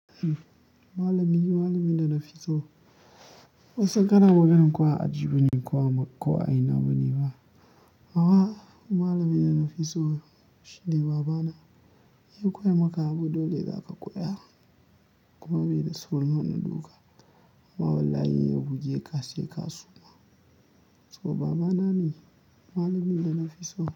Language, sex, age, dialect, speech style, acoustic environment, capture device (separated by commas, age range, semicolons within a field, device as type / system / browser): Hausa, male, 25-34, Nigeria - Kano, tired; happy, nature, mobile / Linux / Chrome